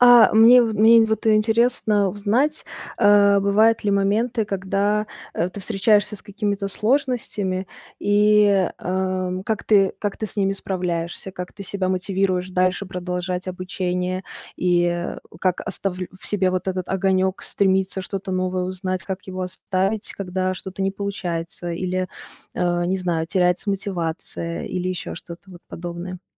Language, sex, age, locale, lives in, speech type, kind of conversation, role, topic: Russian, female, 20-24, ru-RU, Germany, conversation, podcast, host, Как вы подходите к изучению чего-то совершенно нового?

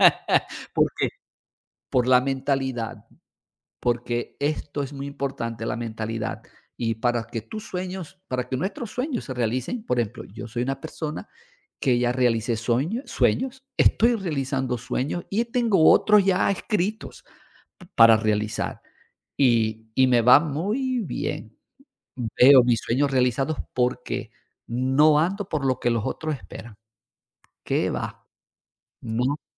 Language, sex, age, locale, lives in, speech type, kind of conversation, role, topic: Spanish, male, 55-59, es-VE, Portugal, conversation, unstructured, none, ¿Crees que deberías cambiar tus sueños por lo que otras personas esperan de ti?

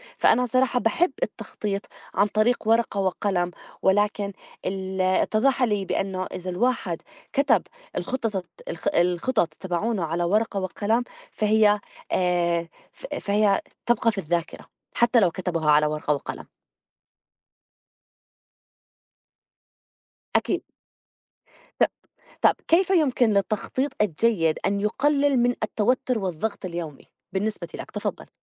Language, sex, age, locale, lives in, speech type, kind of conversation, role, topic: Arabic, female, 35-39, ar-JO, United States, conversation, unstructured, none, إزاي التخطيط الكويس ممكن يخلي يومك أكتر إنتاجية؟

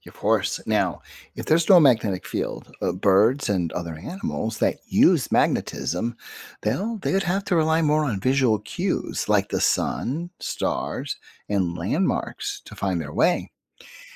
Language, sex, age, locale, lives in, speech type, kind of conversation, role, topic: English, male, 50-54, en-US, United States, read, expert-assistant, expert, How does a compass always point north?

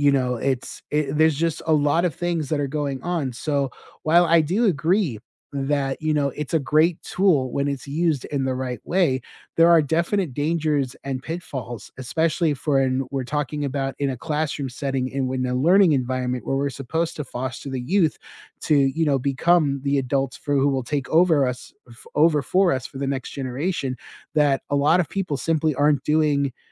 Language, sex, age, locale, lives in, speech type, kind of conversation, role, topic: English, male, 35-39, en-US, United States, conversation, unstructured, none, How does technology affect the way we learn today?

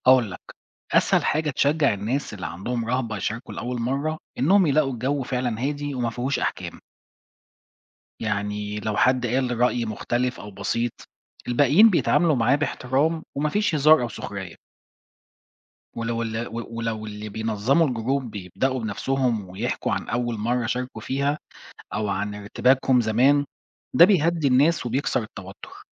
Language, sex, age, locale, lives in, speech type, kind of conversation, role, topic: Arabic, male, 40-44, ar-EG, Portugal, read, expert-assistant, expert, إزاي نخلي مناقشة الروايات أبسط وأريح لكل الناس؟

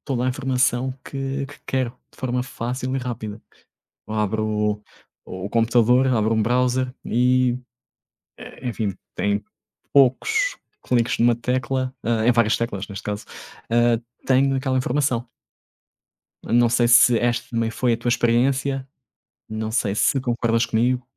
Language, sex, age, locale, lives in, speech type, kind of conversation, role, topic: Portuguese, male, 30-34, pt-PT, Portugal, conversation, unstructured, none, Como a tecnologia mudou a forma como aprendemos hoje?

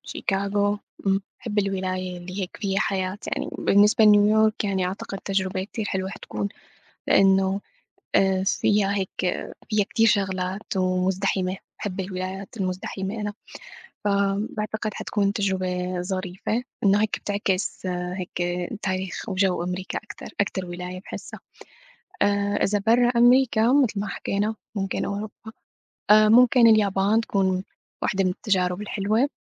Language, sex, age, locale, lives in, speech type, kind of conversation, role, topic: Arabic, female, 25-29, ar-SY, United States, conversation, unstructured, none, إيه أحسن مكان زرته وليه شايفه مميز؟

